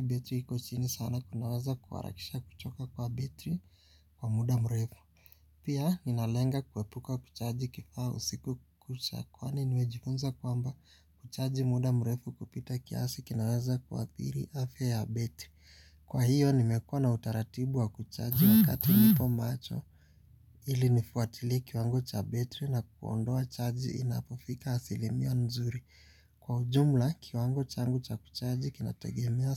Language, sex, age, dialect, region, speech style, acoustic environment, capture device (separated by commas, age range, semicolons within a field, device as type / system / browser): Swahili, male, 18-24, Kenya - Nairobi Sheng-influenced, Kenya, relaxed, silence, mobile / Linux / Chrome